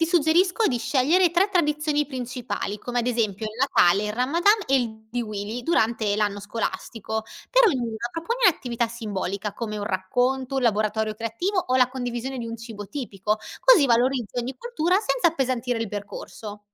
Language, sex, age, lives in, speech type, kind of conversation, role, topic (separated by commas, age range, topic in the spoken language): Italian, female, 25-29, Italy, read, expert-assistant, expert, Come posso organizzare un piano semplice per esplorare varie tradizioni religiose senza sentirmi sopraffatto?